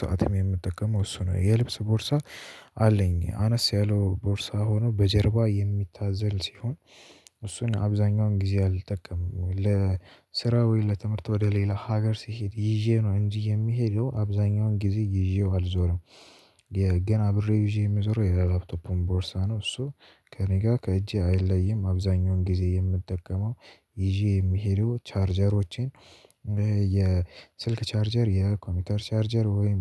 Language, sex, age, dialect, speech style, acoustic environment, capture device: Amharic, male, 18-24, Ethiopia - Addis Ababa, tired; nervous; excited, silence; nature; music, mobile / Linux / Chrome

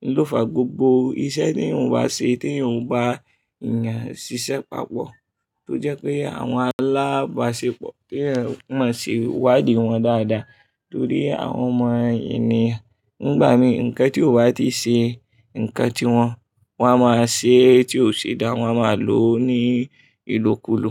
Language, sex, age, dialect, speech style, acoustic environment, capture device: Yoruba, male, 25-34, Nigeria - Kano, focused, people talking; traffic; appliances, mobile / Linux / Chrome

